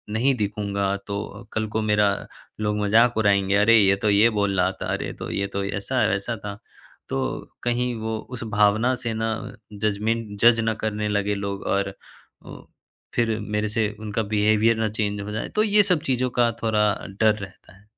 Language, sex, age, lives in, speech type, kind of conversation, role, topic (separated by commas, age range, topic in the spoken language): Hindi, male, 20-24, India, conversation, advice, user, परफेक्ट होने की चाह से काम शुरू न कर पाना